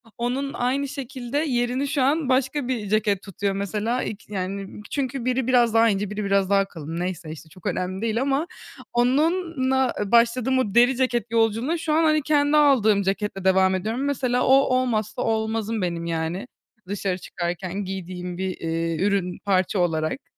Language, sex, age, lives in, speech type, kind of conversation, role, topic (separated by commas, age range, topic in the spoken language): Turkish, female, 20-24, Germany, conversation, podcast, guest, Kişisel stilin zaman içinde nasıl değişti, örnek verebilir misin?